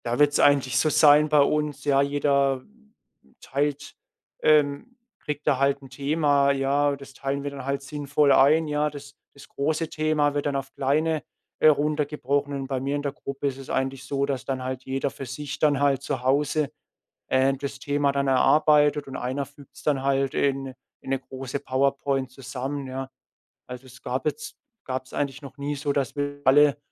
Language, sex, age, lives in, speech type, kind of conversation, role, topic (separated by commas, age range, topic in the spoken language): German, male, 25-29, Germany, conversation, podcast, guest, Wie baust du Gewohnheiten auf, die deine Kreativität fördern?